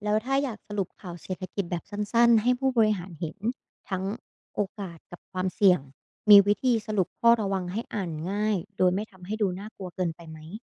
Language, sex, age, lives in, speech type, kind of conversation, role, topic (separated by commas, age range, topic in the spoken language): Thai, female, 25-29, Thailand, read, expert-assistant, asker, เวลาฟังข่าวเศรษฐกิจโลก เราจะรู้ได้อย่างไรว่าข้อมูลนั้นน่าเชื่อถือหรือเปล่า?